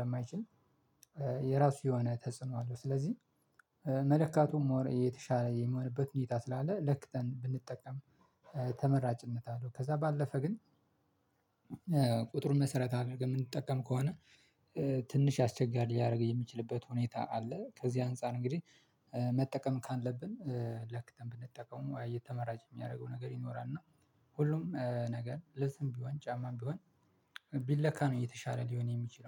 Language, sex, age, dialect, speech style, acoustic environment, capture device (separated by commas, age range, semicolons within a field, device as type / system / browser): Amharic, male, 25-34, Ethiopia - Addis Ababa, relaxed, nature, mobile / Linux / Chrome